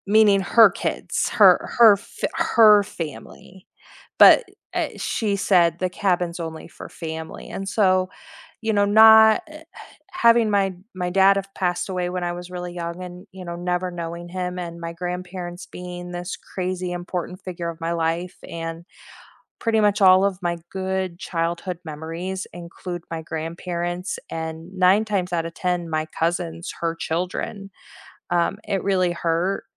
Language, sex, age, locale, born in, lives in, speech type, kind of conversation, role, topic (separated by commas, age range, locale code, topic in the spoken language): English, female, 40-44, en-US, United States, United States, conversation, unstructured, none, Which childhood place do you miss most, and what vivid memory still brings it back for you?